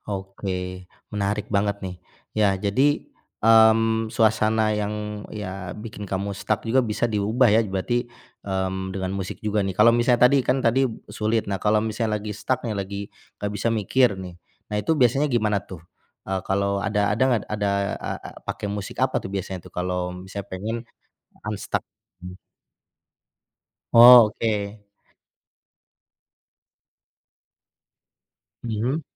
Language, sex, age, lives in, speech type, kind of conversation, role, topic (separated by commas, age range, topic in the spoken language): Indonesian, male, 40-44, Indonesia, conversation, podcast, host, Bagaimana musik membantumu melewati masa sulit?